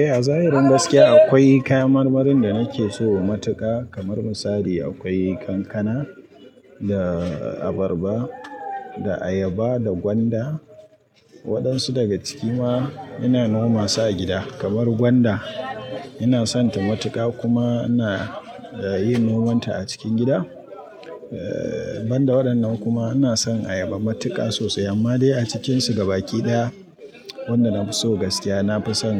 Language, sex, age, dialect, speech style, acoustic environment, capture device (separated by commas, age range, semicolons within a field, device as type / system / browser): Hausa, male, 25-34, Nigeria - Kano, relaxed; happy, people talking; nature, mobile / Linux / Chrome